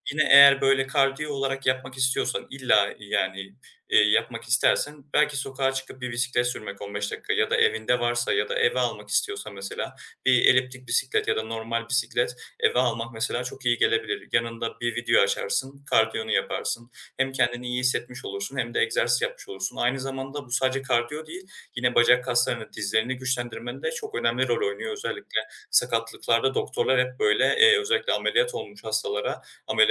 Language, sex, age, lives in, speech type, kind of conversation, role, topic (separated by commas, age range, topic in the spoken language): Turkish, male, 20-24, Germany, conversation, advice, advisor, İş günümde kısa egzersizleri düzenli olarak nasıl sığdırabilirim?